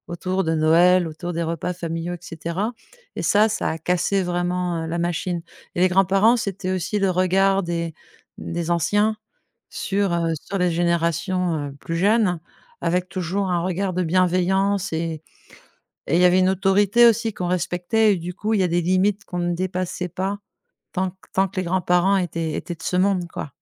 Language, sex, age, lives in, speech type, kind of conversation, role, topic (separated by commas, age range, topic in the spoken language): French, female, 50-54, France, conversation, podcast, guest, Comment faites-vous pour maintenir une bonne communication au sein de votre famille ?